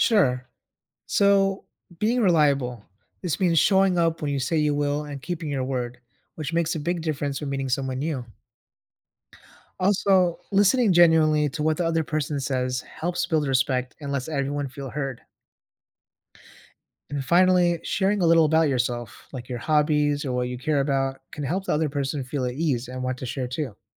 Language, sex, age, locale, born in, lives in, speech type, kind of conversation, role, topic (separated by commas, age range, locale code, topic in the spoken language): English, male, 30-34, en-US, United States, United States, read, expert-assistant, expert, How do you build trust with someone new in your life?